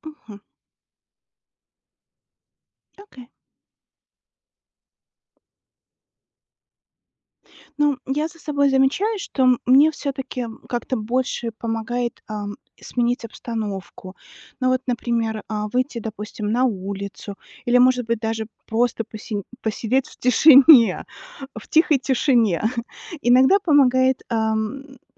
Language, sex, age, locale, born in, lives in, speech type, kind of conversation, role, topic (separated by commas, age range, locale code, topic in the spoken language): Russian, female, 40-44, ru-RU, Belarus, United States, read, expert-assistant, asker, Каким образом можно разумно чередовать работу и отдых, чтобы тело чувствовало себя лучше?